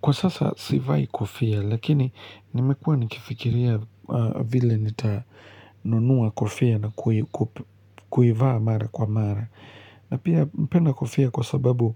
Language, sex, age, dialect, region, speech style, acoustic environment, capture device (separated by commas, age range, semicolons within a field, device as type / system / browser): Swahili, male, 25-34, Kenya - Nairobi Sheng-influenced, Kenya, relaxed, nature; silence, mobile / Linux / Chrome